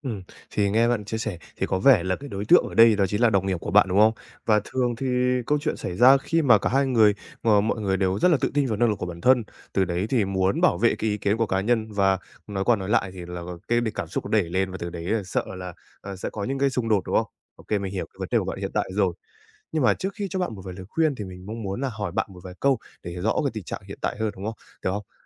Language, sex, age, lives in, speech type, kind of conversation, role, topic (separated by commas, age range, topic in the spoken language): Vietnamese, male, 20-24, Japan, conversation, advice, advisor, Làm sao để trao đổi khi bạn hoặc người kia đang rất tức giận?